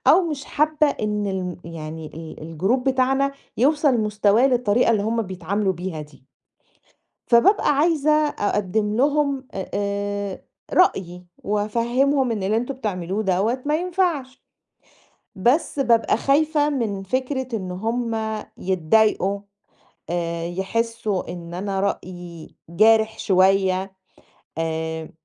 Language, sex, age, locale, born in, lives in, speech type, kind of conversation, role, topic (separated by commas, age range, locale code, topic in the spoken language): Arabic, female, 40-44, ar-EG, Egypt, Greece, conversation, advice, user, إزاي أقول لزميلي ملاحظة بصراحة من غير ما أجرحه أو أضايقه؟